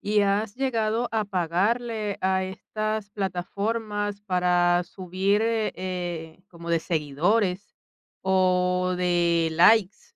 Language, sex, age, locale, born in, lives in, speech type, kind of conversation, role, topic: Spanish, female, 45-49, es-VE, Venezuela, Italy, conversation, podcast, host, ¿Cómo influyen las redes sociales en la forma en que compartes tu trabajo?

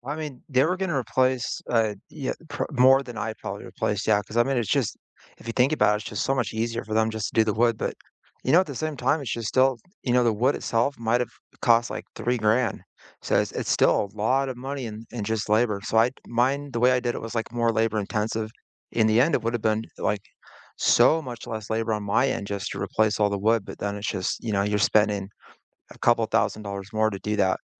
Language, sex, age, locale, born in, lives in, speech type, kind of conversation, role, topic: English, male, 40-44, en-US, United States, United States, conversation, unstructured, none, Which home DIY project are you proudest of, and what makes it meaningful?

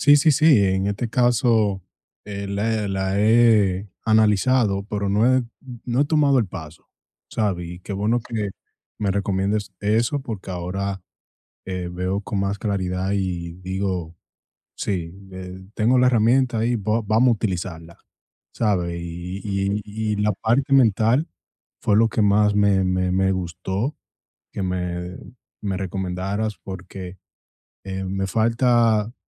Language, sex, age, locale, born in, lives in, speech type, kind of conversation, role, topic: Spanish, male, 25-29, es-DO, United States, United States, conversation, advice, user, ¿Cómo puedo proteger mi autoestima cuando recibo críticas en el trabajo?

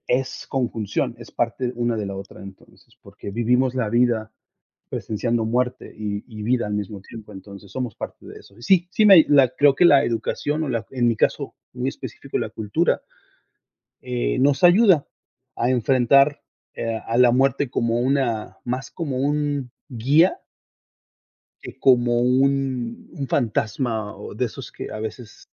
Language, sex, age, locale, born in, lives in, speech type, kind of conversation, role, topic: Spanish, male, 40-44, es-MX, Mexico, United States, conversation, unstructured, none, ¿Crees que aceptar la muerte puede cambiar la forma en que vivimos?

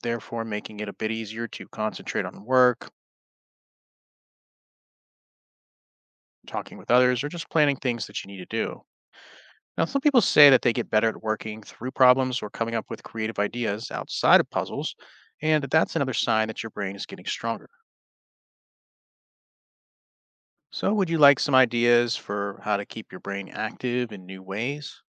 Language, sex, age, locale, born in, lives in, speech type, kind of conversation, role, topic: English, male, 35-39, en-US, United States, United States, read, expert-assistant, expert, How do puzzles aid cognitive development?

